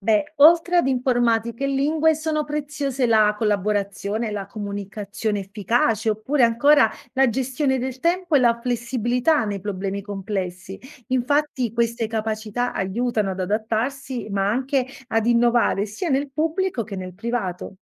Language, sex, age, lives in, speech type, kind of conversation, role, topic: Italian, female, 30-34, Italy, read, expert-assistant, expert, Come mai il lavoro pubblico è visto diversamente da quello privato in Italia?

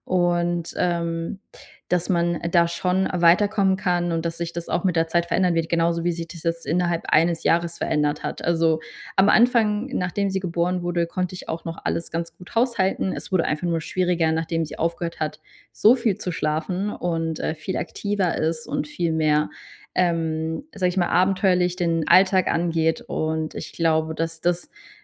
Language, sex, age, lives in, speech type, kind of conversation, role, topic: German, female, 30-34, Germany, conversation, advice, user, Wie kann ich mich zu Hause im Alltag endlich richtig entspannen?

